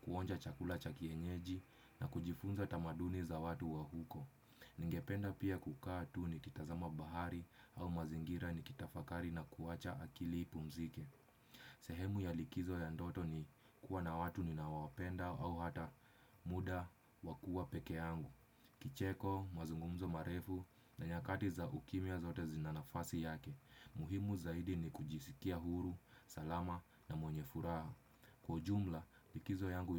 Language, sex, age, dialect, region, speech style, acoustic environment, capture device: Swahili, male, 25-34, Kenya - Nairobi Sheng-influenced, Kenya, relaxed, silence, desktop / Windows / Chrome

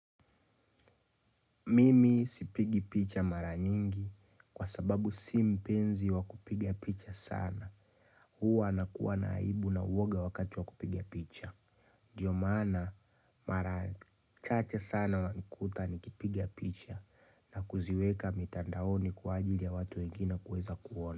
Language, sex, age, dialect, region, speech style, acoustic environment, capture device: Swahili, male, 25-34, Tanzania - Dar es Salaam, Tanzania, relaxed, nature, mobile / Linux / Chrome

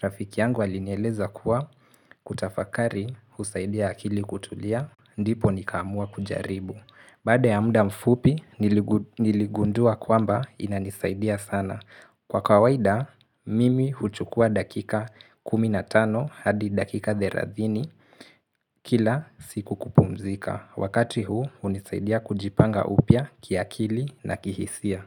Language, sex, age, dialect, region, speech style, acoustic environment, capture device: Swahili, male, 18-24, Kenya - Mombasa, Kenya, relaxed; focused, silence, mobile / Linux / Chrome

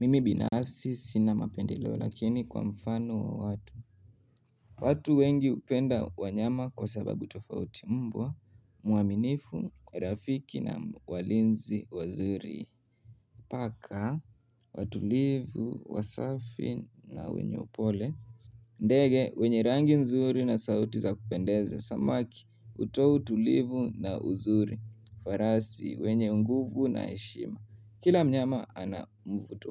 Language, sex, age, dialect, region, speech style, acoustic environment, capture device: Swahili, male, 18-24, Kenya - Nairobi Sheng-influenced, Kenya, nervous, silence, mobile / Linux / Chrome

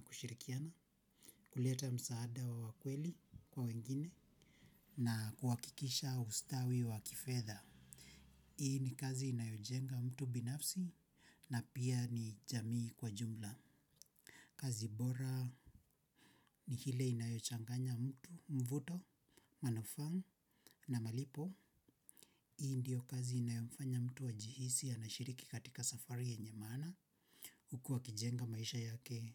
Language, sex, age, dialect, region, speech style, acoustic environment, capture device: Swahili, male, 25-34, Kenya - Nairobi Sheng-influenced, Kenya, relaxed; focused, silence, mobile / Linux / Chrome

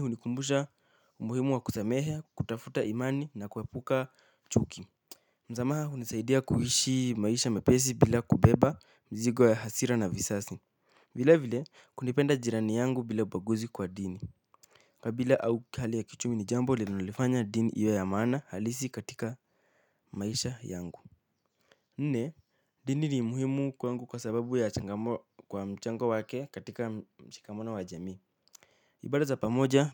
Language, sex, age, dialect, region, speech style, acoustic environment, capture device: Swahili, male, 18-24, Kenya - Nairobi Sheng-influenced, Kenya, happy, silence, mobile / Linux / Chrome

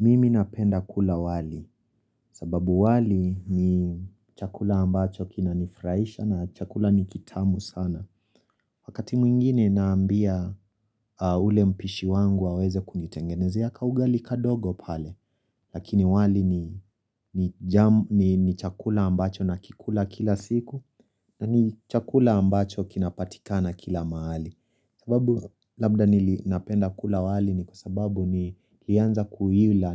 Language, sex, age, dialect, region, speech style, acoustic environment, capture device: Swahili, female, 25-34, Kenya - Nairobi Sheng-influenced, Kenya, happy; relaxed; excited; focused, silence, mobile / Linux / Chrome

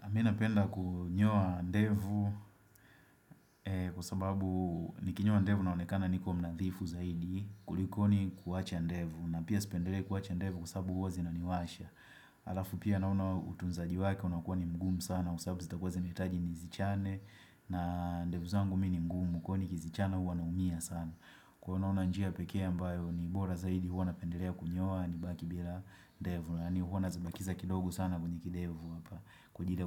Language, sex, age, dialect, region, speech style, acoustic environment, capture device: Swahili, male, 25-34, Tanzania - Dar es Salaam, Tanzania, happy, nature, desktop / Windows / Chrome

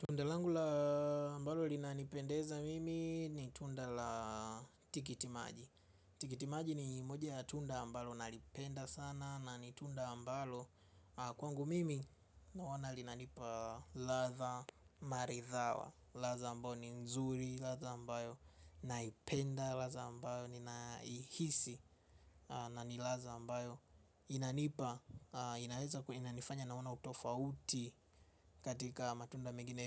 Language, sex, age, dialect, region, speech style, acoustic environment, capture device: Swahili, male, 25-34, Tanzania - Dar es Salaam, Tanzania, focused, silence; nature, mobile / Linux / Chrome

